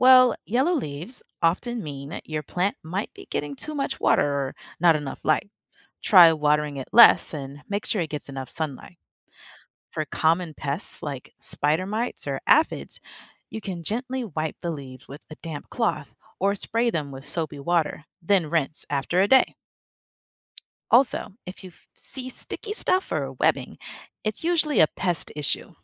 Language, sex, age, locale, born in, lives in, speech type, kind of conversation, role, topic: English, female, 25-29, en-US, United States, United States, read, expert-assistant, expert, How do plants help keep the air clean?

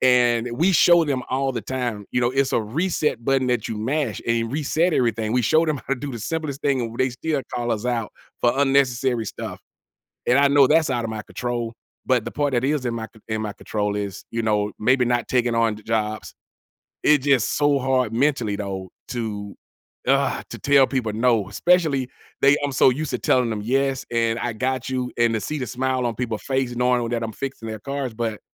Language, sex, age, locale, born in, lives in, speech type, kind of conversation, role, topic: English, male, 45-49, en-US, United States, United States, conversation, advice, user, How can I balance my work responsibilities with quality time for my family?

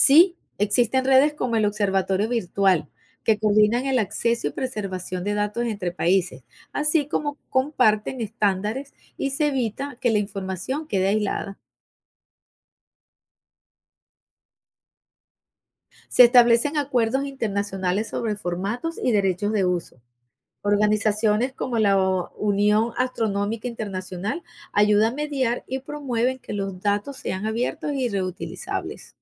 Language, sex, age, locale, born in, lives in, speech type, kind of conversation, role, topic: Spanish, female, 55-59, es-VE, Venezuela, United States, read, expert-assistant, expert, ¿Por qué el universo sigue expandiéndose?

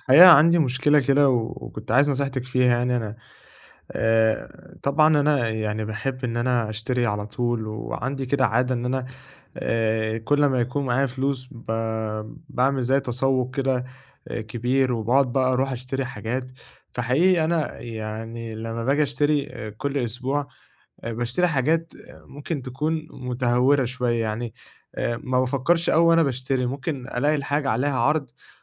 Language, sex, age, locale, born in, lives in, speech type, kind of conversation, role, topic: Arabic, male, 20-24, ar-EG, Egypt, Egypt, conversation, advice, user, إزاي أبطل الشراء المتهوّر اللي بيخلّيني أندم بعد كده؟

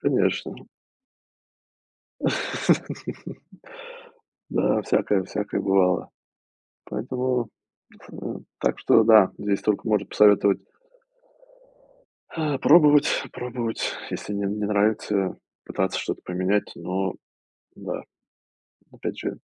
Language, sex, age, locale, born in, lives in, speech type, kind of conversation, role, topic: Russian, male, 35-39, ru-RU, Russia, United States, conversation, unstructured, none, Как вы выбираете карьеру, которая вам действительно нравится?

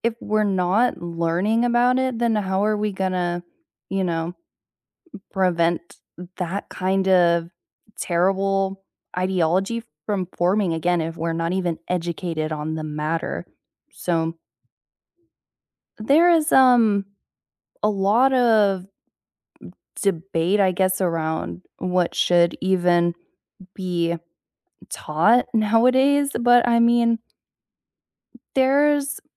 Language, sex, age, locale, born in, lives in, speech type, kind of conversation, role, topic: English, female, 20-24, en-US, United States, United States, conversation, unstructured, none, Should religious education prioritize nurturing personal belief, or should it focus on teaching comparative religion and critical thinking?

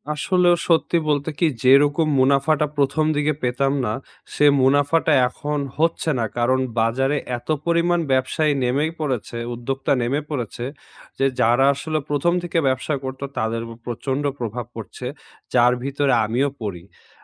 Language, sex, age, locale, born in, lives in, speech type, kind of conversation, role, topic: Bengali, male, 20-24, bn-BD, Bangladesh, Bangladesh, conversation, advice, user, এই চাকরি বদল বা পদোন্নতির সুযোগটি নেওয়া কি উচিত?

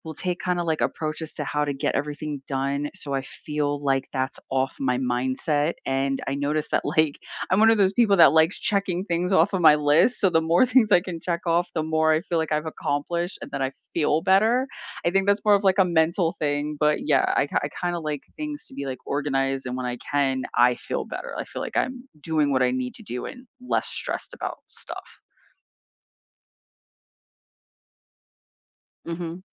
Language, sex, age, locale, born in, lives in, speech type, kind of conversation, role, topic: English, female, 40-44, en-US, United States, United States, conversation, unstructured, none, Which tiny daily ritual brightens your mood, and how did it become meaningful to you?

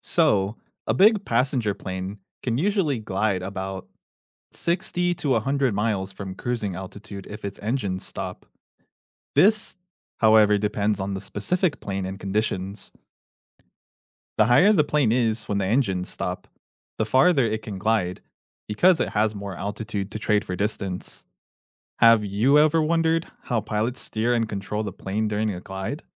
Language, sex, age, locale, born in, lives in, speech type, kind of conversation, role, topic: English, male, 30-34, en-US, United States, United States, read, expert-assistant, expert, How do airplanes glide and land safely if their engines stop?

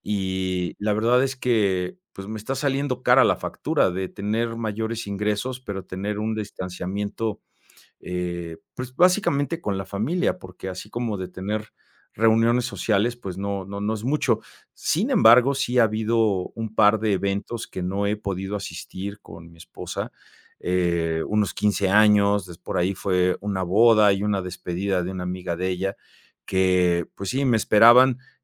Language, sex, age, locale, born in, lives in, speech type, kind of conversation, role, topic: Spanish, male, 50-54, es-MX, Mexico, Mexico, conversation, advice, user, ¿Cómo puedo dejar de evitar reuniones sociales por ansiedad social?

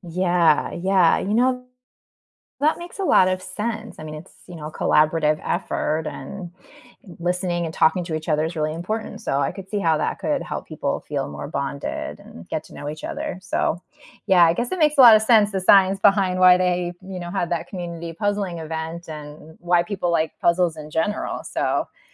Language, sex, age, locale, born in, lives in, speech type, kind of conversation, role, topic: English, female, 40-44, en-US, United States, United States, read, expert-assistant, asker, Why do people enjoy solving puzzles?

